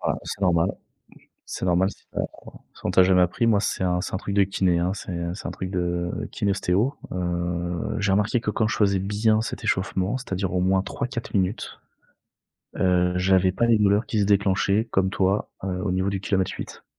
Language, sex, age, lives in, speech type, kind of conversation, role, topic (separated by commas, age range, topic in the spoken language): French, male, 30-34, France, conversation, advice, advisor, Comment décririez-vous vos douleurs musculaires persistantes après vos séances d’entraînement ?